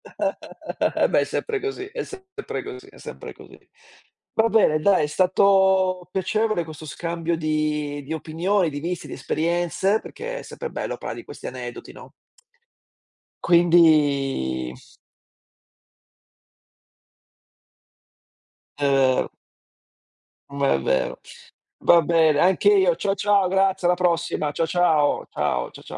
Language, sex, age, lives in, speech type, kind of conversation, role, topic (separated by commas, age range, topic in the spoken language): Italian, male, 45-49, Italy, conversation, unstructured, none, Qual è il ricordo più sorprendente che conservi di un viaggio?